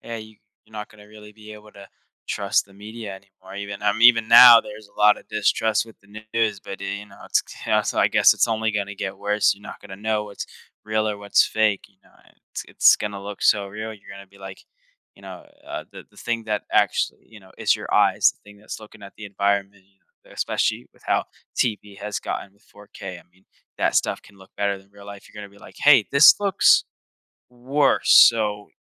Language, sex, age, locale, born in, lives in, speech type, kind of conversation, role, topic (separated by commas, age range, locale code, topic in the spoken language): English, male, 18-19, en-US, United States, United States, conversation, podcast, host, How is technology reshaping the way we work and the skills we need for the future?